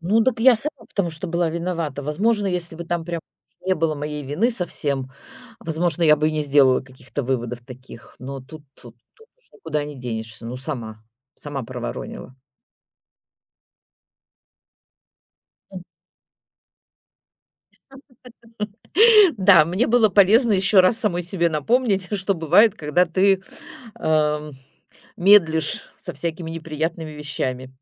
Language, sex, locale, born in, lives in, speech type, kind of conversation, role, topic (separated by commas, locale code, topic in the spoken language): Russian, female, ru-RU, Russia, Italy, conversation, podcast, guest, Расскажите о случае, когда неудача чему-то вас научила?